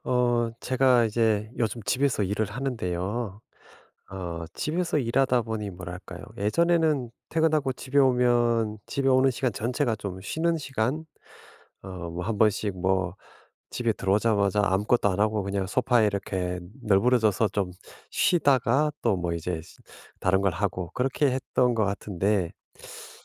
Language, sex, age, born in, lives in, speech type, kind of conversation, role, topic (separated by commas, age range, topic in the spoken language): Korean, male, 50-54, South Korea, United States, conversation, advice, user, 집에서 편안하게 쉬지 못할 때 어떻게 해야 하나요?